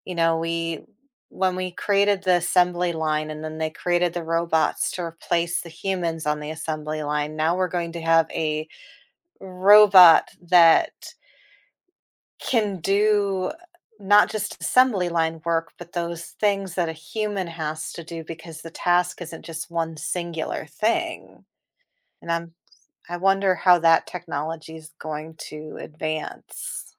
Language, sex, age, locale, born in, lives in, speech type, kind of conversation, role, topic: English, female, 40-44, en-US, United States, United States, conversation, podcast, host, In what ways have inventions changed our everyday lives and society?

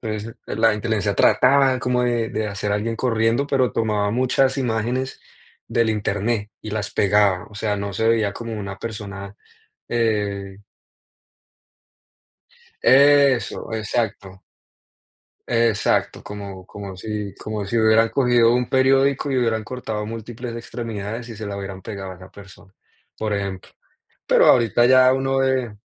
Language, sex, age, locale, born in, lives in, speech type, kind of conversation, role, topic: Spanish, male, 20-24, es-CO, Colombia, United States, conversation, unstructured, none, ¿Cómo imaginas el futuro con la inteligencia artificial?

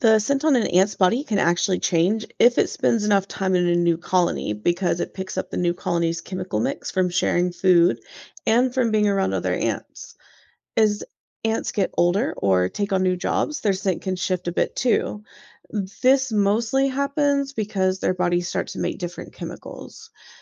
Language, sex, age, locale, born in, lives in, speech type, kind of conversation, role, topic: English, female, 30-34, en-US, United States, United States, read, expert-assistant, expert, How do ants navigate back to their nest?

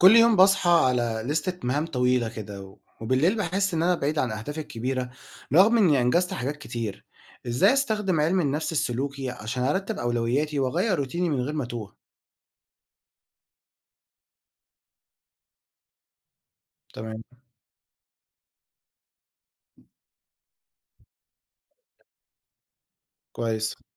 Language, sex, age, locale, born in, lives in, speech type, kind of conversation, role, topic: Arabic, male, 20-24, ar-EG, Egypt, Egypt, read, expert-assistant, asker, إزاي أقدر أنظم أولوياتي وسلوكي اليومي علشان أحقق أهدافي من غير ما أضيع في الزحمة؟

